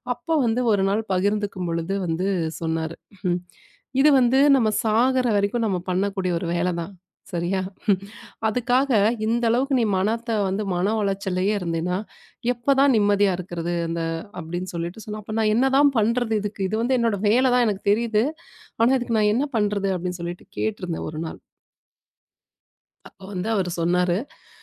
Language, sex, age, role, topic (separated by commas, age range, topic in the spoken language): Tamil, female, 35-39, guest, நீங்கள் தியானம் செய்து பார்த்திருக்கிறீர்களா, செய்திருந்தால் அது எப்படி இருந்தது?